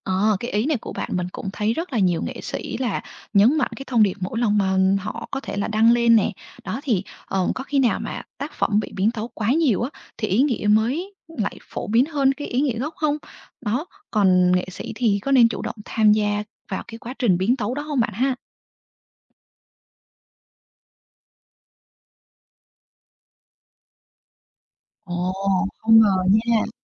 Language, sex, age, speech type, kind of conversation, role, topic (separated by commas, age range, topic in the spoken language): Vietnamese, female, 25-29, read, expert-assistant, asker, Nghệ thuật có mất đi giá trị thật khi trở thành hàng hóa không?